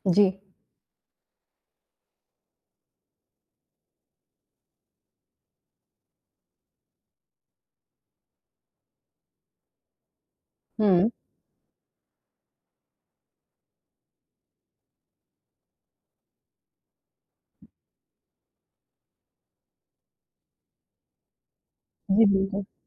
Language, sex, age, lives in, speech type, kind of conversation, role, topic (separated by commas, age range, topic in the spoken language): Hindi, female, 25-29, India, conversation, advice, user, बजट बनाने के बावजूद आप अपने खर्चों पर नियंत्रण क्यों नहीं रख पा रहे/रही हैं?